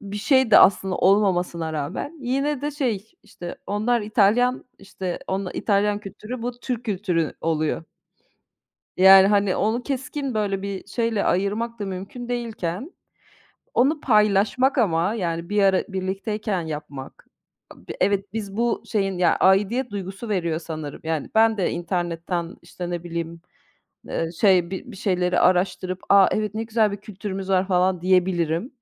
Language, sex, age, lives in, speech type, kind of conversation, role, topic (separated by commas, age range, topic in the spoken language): Turkish, female, 40-44, Austria, conversation, unstructured, none, Kültürel kayıplar insanlarda hangi duyguları uyandırır?